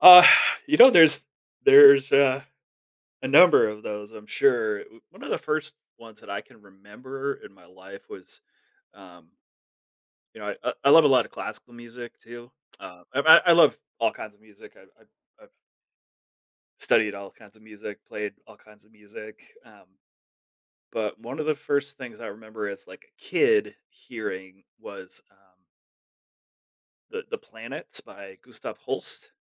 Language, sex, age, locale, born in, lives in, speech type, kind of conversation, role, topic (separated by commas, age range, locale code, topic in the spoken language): English, male, 40-44, en-US, United States, United States, conversation, podcast, guest, Why do you think art can sometimes communicate feelings more powerfully than words?